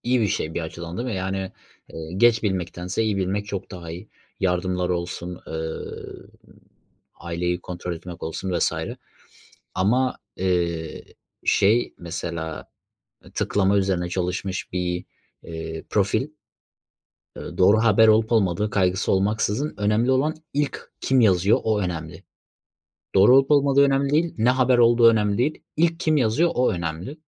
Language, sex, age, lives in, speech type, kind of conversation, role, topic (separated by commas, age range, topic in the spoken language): Turkish, male, 25-29, Germany, conversation, unstructured, none, Sosyal medyanın haber alma şeklimizi nasıl değiştirdiğini düşünüyor musun?